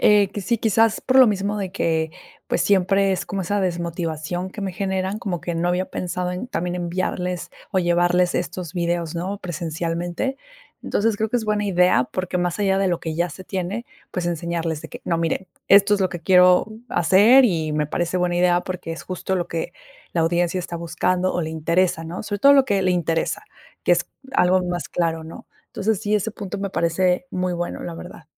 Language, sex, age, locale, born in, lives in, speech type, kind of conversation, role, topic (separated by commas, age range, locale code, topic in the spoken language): Spanish, female, 30-34, es-MX, Mexico, Mexico, conversation, advice, user, ¿Qué puedes hacer cuando tu equipo de trabajo rechaza tu idea repetidamente?